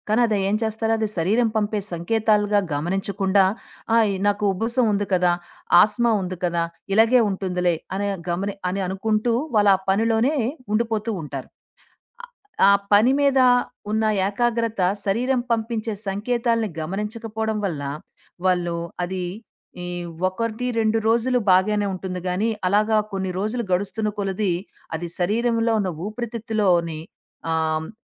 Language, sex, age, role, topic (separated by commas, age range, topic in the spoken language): Telugu, female, 40-44, guest, మీ శరీరం పంపే సంకేతాలను మీరు సాధారణంగా ఎలా గుర్తిస్తారు?